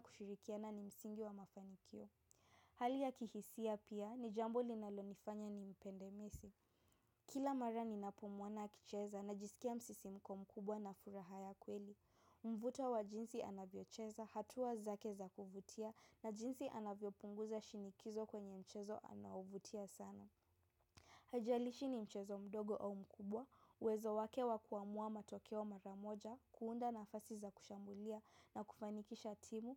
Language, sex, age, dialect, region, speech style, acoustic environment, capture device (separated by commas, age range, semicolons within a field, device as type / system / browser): Swahili, female, 18-24, Kenya - Nairobi Sheng-influenced, Kenya, relaxed, silence; appliances, mobile / macOS / Safari